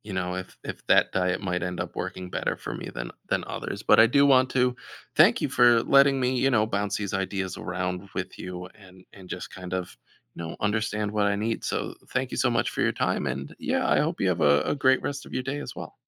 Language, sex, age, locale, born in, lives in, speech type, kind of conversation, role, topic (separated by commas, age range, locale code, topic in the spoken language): English, male, 30-34, en-US, United States, United States, conversation, advice, user, How can I stay committed to long-term goals after setbacks?